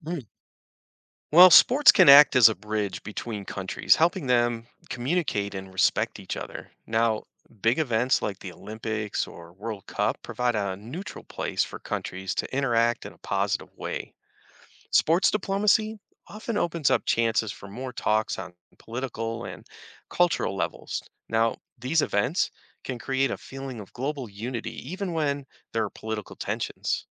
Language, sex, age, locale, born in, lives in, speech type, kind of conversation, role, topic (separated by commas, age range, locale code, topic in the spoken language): English, male, 50-54, en-US, United States, United States, read, expert-assistant, expert, How do sports influence international relations?